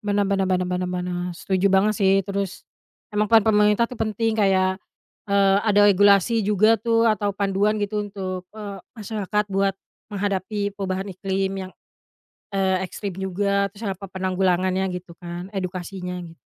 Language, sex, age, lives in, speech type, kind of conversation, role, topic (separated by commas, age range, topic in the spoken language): Indonesian, female, 30-34, Indonesia, conversation, unstructured, none, Bagaimana menurut kamu perubahan iklim memengaruhi kehidupan sehari-hari?